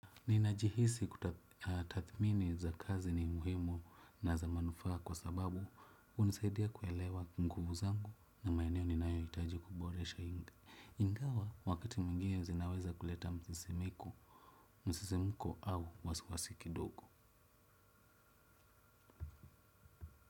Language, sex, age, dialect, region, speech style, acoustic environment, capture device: Swahili, male, 25-34, Kenya - Nairobi Sheng-influenced, Kenya, relaxed; happy, silence, desktop / Windows / Chrome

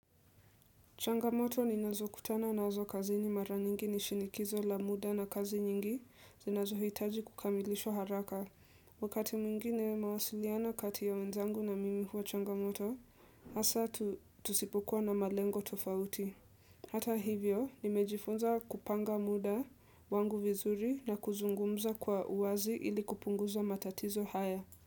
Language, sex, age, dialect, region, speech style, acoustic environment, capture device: Swahili, female, 18-24, Kenya - Nairobi Sheng-influenced, Kenya, relaxed, nature, desktop / Windows / Edge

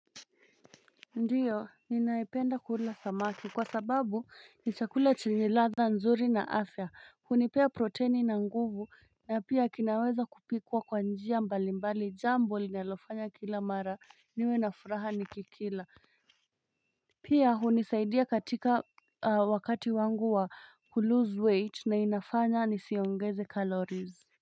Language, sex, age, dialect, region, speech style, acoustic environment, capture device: Swahili, female, 25-34, Kenya - Nairobi Sheng-influenced, Kenya, relaxed; tired, nature, mobile / Linux / Chrome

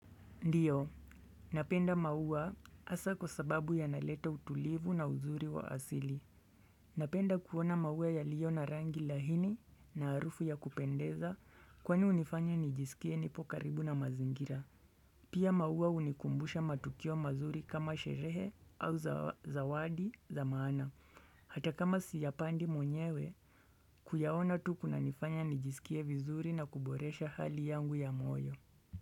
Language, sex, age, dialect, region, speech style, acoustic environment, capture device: Swahili, male, 25-34, Kenya - Nairobi Sheng-influenced, Kenya, relaxed; focused, silence; nature, desktop / Windows / Chrome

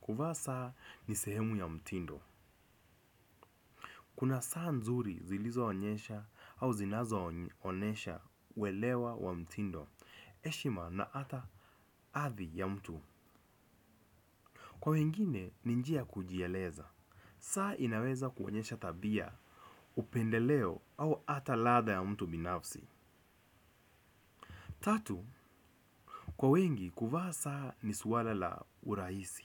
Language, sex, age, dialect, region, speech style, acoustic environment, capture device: Swahili, male, 25-34, Kenya - Nairobi Sheng-influenced, Kenya, relaxed; focused, people talking; nature; traffic; construction; appliances, desktop / Windows / Chrome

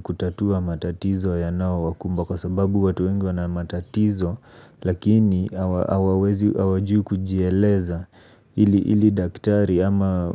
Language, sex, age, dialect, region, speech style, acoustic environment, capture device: Swahili, male, 25-34, Kenya - Nairobi Sheng-influenced, Kenya, relaxed, silence, mobile / Linux / Chrome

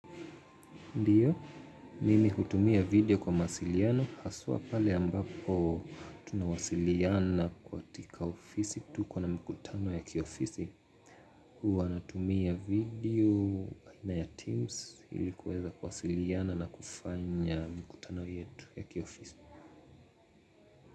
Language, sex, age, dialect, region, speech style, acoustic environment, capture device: Swahili, male, 25-34, Kenya - Mombasa, Kenya, focused, people talking, mobile / Linux / Chrome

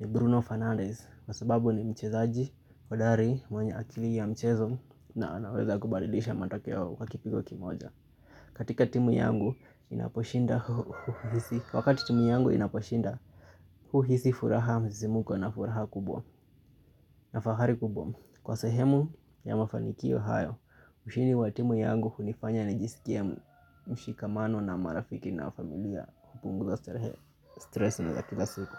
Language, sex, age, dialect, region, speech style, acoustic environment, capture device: Swahili, male, 25-34, Kenya - Nairobi Sheng-influenced, Kenya, happy, nature, mobile / Linux / Chrome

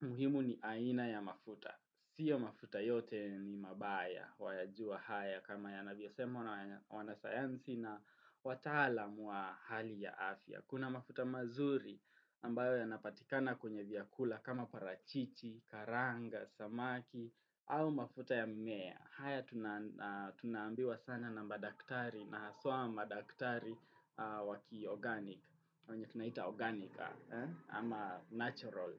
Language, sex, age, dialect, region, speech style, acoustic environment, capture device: Swahili, male, 18-24, Kenya - Nairobi Sheng-influenced, Kenya, relaxed; happy, traffic, desktop / Windows / Chrome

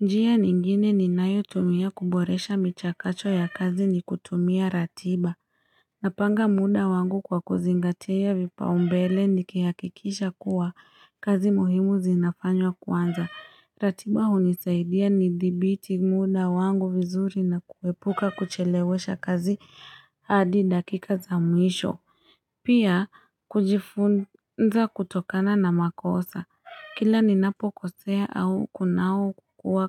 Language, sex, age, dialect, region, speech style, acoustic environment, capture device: Swahili, female, 25-34, Kenya - Nairobi Sheng-influenced, Kenya, happy; relaxed, people talking; nature; traffic, mobile / Linux / Chrome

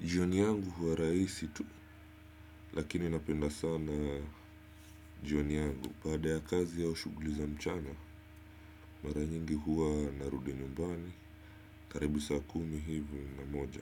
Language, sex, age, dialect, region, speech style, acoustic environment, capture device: Swahili, male, 25-34, Kenya - Mombasa, Kenya, happy; focused; relaxed; excited, silence; nature; appliances, desktop / Windows / Chrome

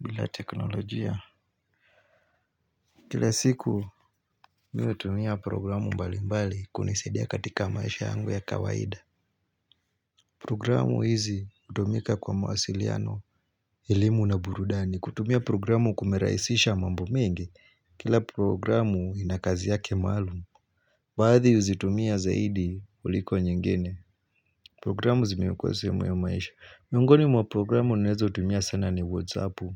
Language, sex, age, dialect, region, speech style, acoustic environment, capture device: Swahili, male, 25-34, Kenya - Mombasa, Kenya, focused, silence, mobile / Linux / Chrome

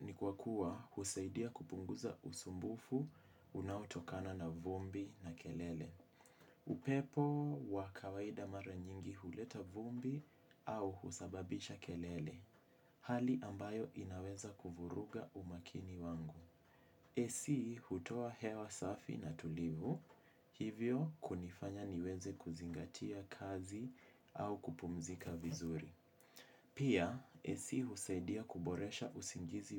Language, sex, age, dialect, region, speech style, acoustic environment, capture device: Swahili, male, 18-24, Kenya - Mombasa, Kenya, relaxed, silence, mobile / Linux / Chrome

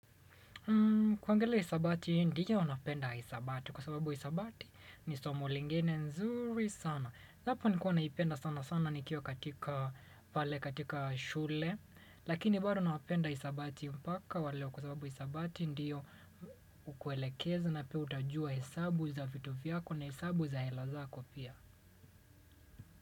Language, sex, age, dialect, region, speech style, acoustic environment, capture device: Swahili, male, 25-34, Kenya - Mombasa, Kenya, relaxed, silence, desktop / Windows / Chrome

